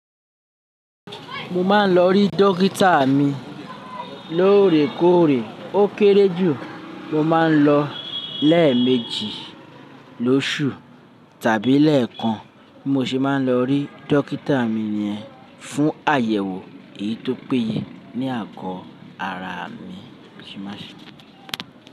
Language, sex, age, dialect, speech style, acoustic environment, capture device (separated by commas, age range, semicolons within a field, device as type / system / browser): Yoruba, male, 35-44, Nigeria - Lagos Yoruba, happy, people talking, mobile / Linux / Chrome